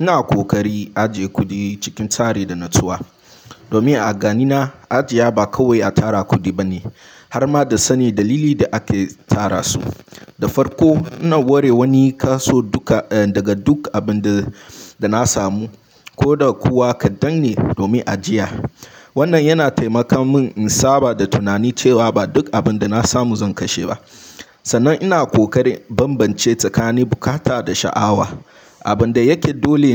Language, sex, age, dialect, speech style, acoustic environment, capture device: Hausa, male, 18-24, Nigeria - Nigerian Standard, relaxed; focused, silence, mobile / Linux / Chrome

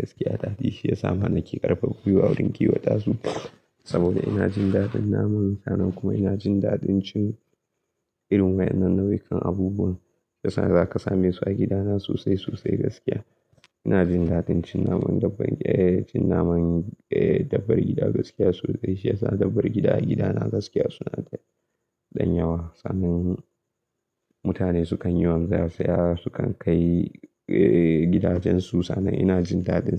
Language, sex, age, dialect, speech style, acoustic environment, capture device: Hausa, male, 18-24, Nigeria - Kano, excited; anxious, nature; silence, mobile / Linux / Chrome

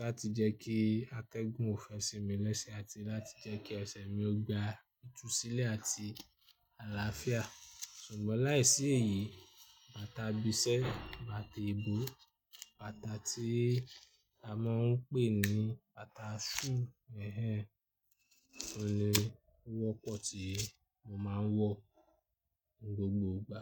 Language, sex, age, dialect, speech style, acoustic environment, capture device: Yoruba, male, 25-34, Nigeria - Ibadan, happy; anxious; nervous; excited, people talking; construction; traffic; music, desktop / Linux / Chrome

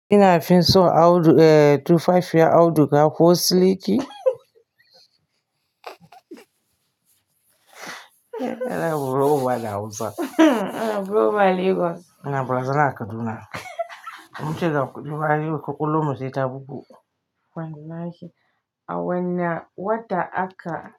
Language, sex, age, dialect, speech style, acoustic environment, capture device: Hausa, female, 45-54, Nigeria - Kano, happy; focused, nature; silence; appliances, mobile / Linux / Chrome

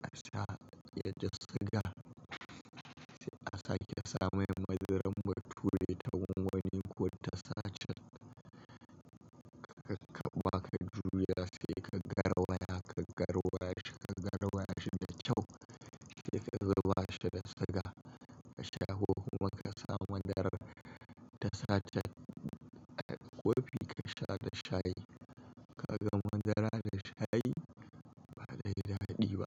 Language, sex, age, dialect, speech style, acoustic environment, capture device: Hausa, male, 25-34, Nigeria - Kano, happy; focused, traffic; construction; appliances; people talking; nature, mobile / Linux / Chrome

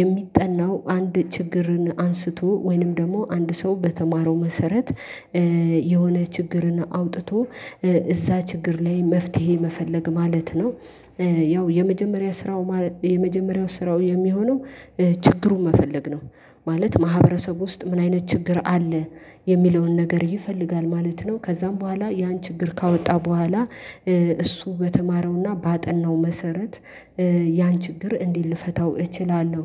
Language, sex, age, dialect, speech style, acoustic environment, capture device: Amharic, female, 25-34, Ethiopia - Addis Ababa, happy, silence, mobile / Linux / Chrome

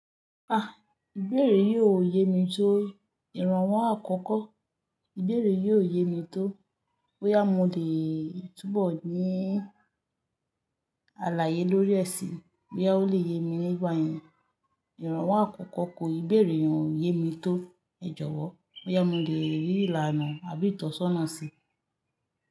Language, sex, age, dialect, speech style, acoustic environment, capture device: Yoruba, female, 25-34, Nigeria - Lagos Yoruba, relaxed, nature, mobile / Linux / Chrome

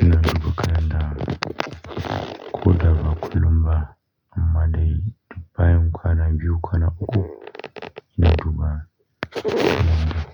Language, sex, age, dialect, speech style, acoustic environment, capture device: Hausa, male, 25-34, Nigeria - Kano, relaxed; focused, nature; silence, mobile / Linux / Chrome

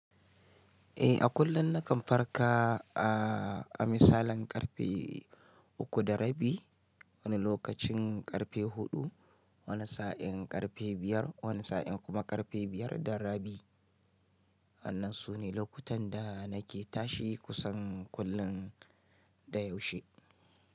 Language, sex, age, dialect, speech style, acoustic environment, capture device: Hausa, male, 35-44, Nigeria - Kano, relaxed; excited; happy, silence; nature, mobile / Linux / Chrome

